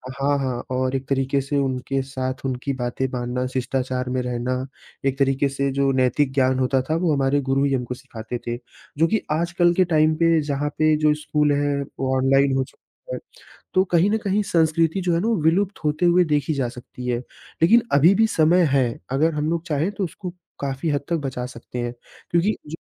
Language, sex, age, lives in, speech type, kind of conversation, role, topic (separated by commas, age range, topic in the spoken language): Hindi, male, 20-24, India, conversation, podcast, guest, आप बच्चों को अपनी संस्कृति कैसे सिखाते हैं?